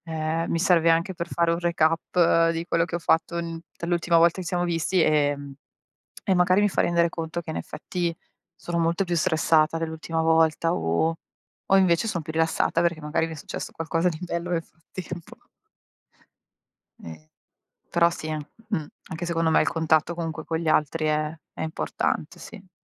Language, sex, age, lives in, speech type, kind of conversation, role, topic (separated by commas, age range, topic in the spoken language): Italian, female, 40-44, Italy, conversation, unstructured, none, Come descriveresti una giornata ideale per il tuo benessere emotivo?